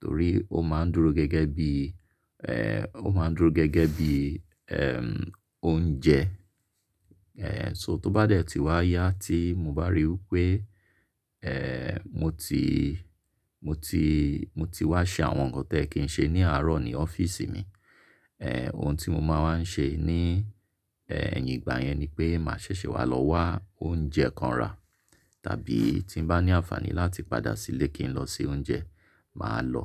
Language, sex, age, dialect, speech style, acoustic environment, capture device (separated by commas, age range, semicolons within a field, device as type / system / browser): Yoruba, male, 35-44, Nigeria - Lagos Yoruba, relaxed; focused, nature, mobile / Linux / Chrome